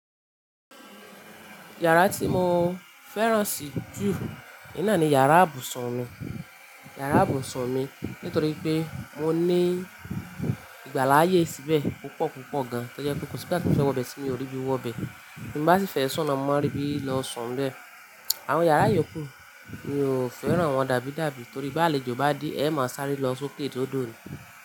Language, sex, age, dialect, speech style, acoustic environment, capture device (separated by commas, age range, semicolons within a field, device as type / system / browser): Yoruba, female, 25-34, Nigeria - Ibadan, excited, appliances, mobile / Linux / Chrome